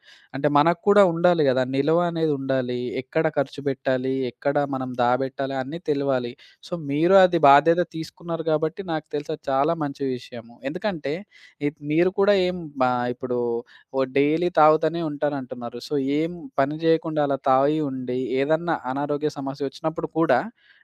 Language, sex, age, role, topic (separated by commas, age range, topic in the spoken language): Telugu, male, 25-29, host, కుటుంబ పరిస్థితులు మీ జీవితంలోని ముఖ్య నిర్ణయాలపై ఎలా ప్రభావం చూపాయి?